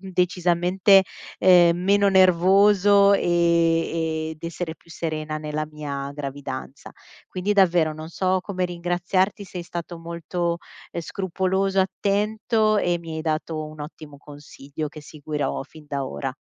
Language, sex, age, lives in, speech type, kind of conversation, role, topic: Italian, female, 35-39, Italy, conversation, advice, user, Come posso capire se un commento è personale o un consiglio utile?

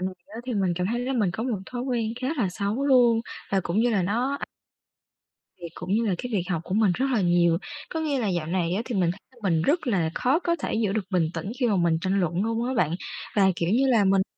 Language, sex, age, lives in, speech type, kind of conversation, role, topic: Vietnamese, female, 20-24, Vietnam, conversation, advice, user, Làm sao để giữ bình tĩnh khi tranh luận trong nhóm mà vẫn tự tin lên tiếng?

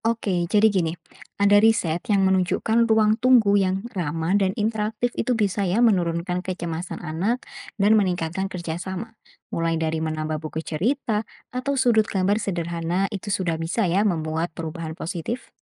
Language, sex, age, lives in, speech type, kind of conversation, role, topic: Indonesian, female, 20-24, Indonesia, read, expert-assistant, expert, Bagaimana cara kreatif membuat kunjungan ke dokter terasa lebih nyaman dan santai bagi pasien?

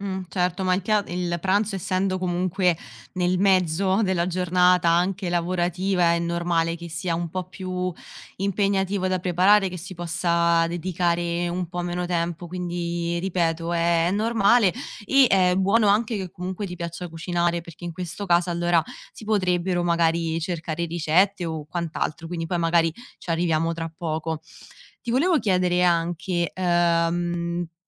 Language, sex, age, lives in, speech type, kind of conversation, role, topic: Italian, female, 25-29, Italy, conversation, advice, advisor, Come posso superare le difficoltà nel pianificare pasti sani per tutta la settimana lavorativa?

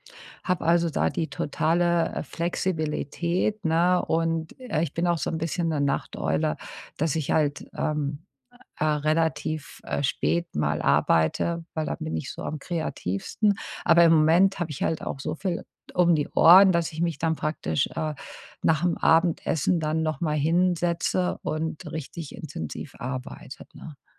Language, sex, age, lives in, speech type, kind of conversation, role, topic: German, female, 50-54, United States, conversation, advice, user, Wie kann ein kurzer Kurzschlaf meine Energie tagsüber schnell auffrischen?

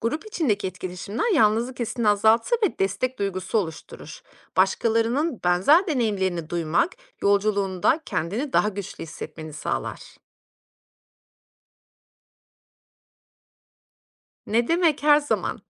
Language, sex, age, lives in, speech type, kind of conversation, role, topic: Turkish, female, 35-39, Austria, read, expert-assistant, expert, Hayat amacımı bulmak için teolojiden nasıl ilham alabilirim?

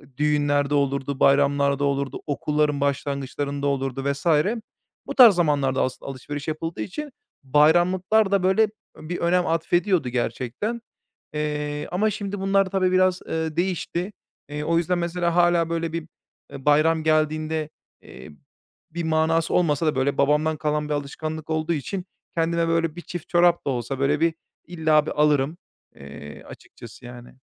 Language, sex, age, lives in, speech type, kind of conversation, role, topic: Turkish, male, 30-34, Bulgaria, conversation, podcast, guest, Bayramlarda giyinme alışkanlıkların nasıl değişiyor?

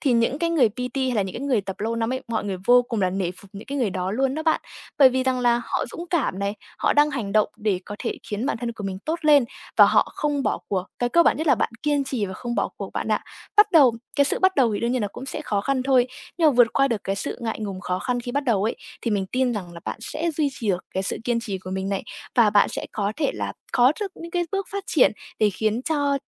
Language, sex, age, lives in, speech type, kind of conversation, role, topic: Vietnamese, female, 20-24, Vietnam, conversation, advice, advisor, Làm sao để bớt ngại đến phòng tập vì sợ người khác nhìn mình?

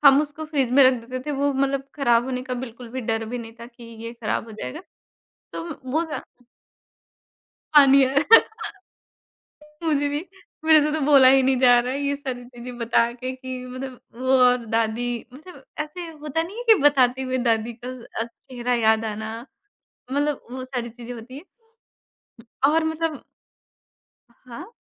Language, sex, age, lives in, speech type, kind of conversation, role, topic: Hindi, male, 30-34, India, conversation, podcast, guest, Khana banane se pehle tumhara koi ritual hota hai?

